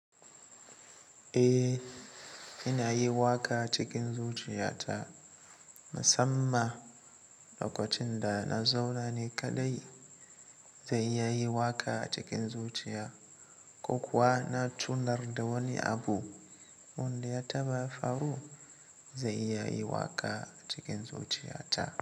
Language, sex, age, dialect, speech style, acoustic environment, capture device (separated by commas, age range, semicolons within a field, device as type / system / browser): Hausa, male, 18-24, Nigeria - Katsina, happy, silence, mobile / Linux / Chrome